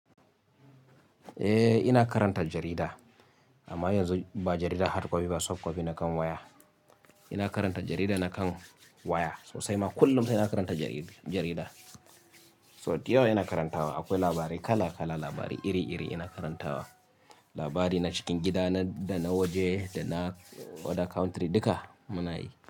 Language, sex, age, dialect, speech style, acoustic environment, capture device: Hausa, male, 25-34, Nigeria - Kano, happy, people talking, mobile / Linux / Chrome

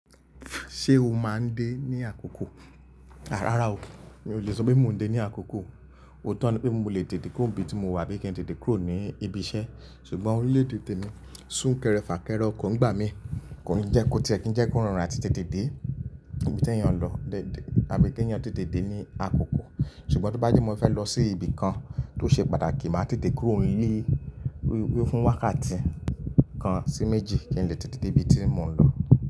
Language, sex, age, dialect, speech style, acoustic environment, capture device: Yoruba, male, 25-34, Nigeria - Lagos Yoruba, relaxed, nature, mobile / Linux / Chrome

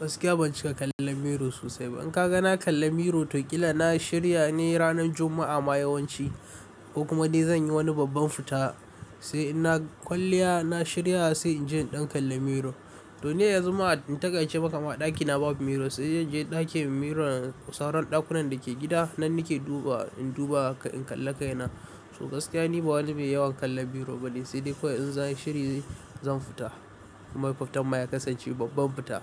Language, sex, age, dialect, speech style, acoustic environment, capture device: Hausa, male, 18-24, Nigeria - Kano, stressed, appliances, mobile / Linux / Chrome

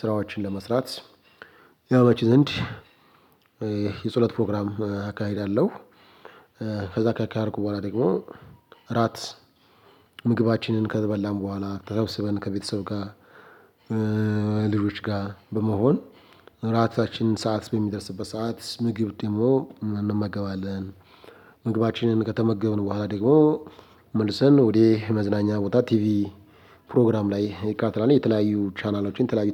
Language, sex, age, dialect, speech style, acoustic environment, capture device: Amharic, male, 25-34, Ethiopia - Addis Ababa, happy; excited, silence, mobile / Linux / Chrome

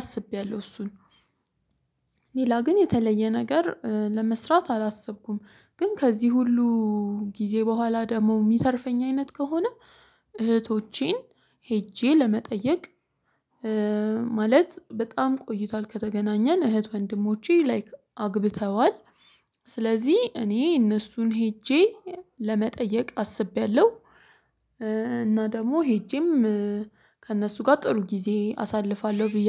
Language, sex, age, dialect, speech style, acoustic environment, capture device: Amharic, female, 25-34, Ethiopia - Addis Ababa, excited, silence, mobile / Linux / Chrome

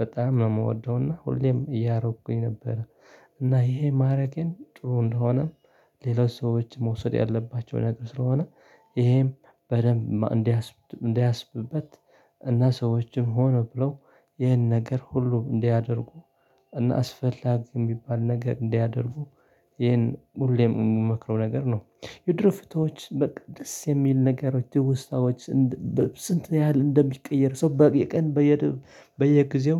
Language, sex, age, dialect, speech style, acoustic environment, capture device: Amharic, male, 25-34, Ethiopia - Tigray, happy; tired; excited, people talking; silence, desktop / Linux / Chrome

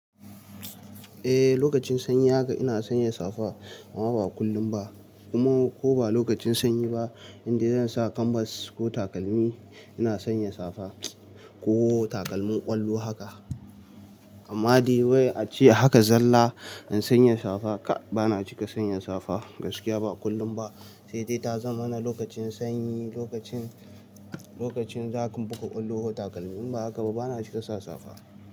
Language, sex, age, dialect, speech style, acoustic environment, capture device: Hausa, male, 18-24, Nigeria - Nigerian Standard, relaxed; happy; excited; focused, appliances, mobile / Linux / Chrome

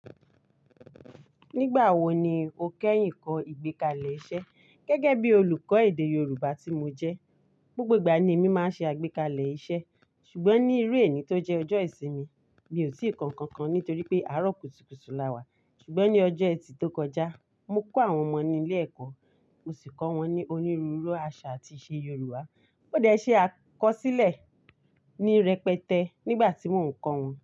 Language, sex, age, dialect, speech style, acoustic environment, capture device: Yoruba, female, 35-44, Nigeria - Ibadan, focused, nature, mobile / Linux / Chrome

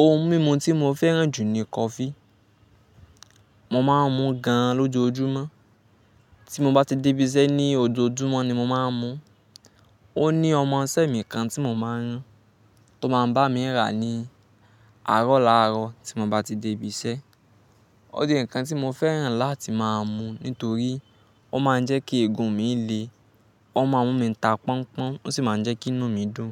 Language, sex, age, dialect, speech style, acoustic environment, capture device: Yoruba, male, 18-24, Nigeria - Nigerian Standard, happy, silence, mobile / Linux / Chrome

